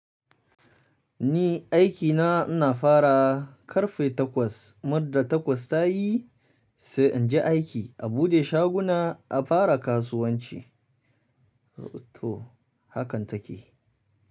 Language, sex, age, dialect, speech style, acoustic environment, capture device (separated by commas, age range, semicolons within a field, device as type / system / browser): Hausa, male, 25-34, Nigeria - Kano, happy, silence, mobile / Linux / Chrome